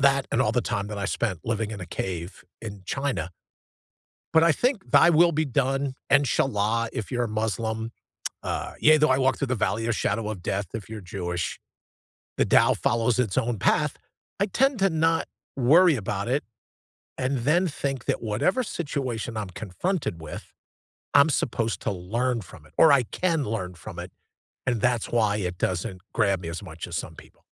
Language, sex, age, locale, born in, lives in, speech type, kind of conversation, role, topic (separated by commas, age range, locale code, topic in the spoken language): English, male, 60-64, en-US, United States, United States, conversation, unstructured, none, What scares you most about losing your freedom to choose?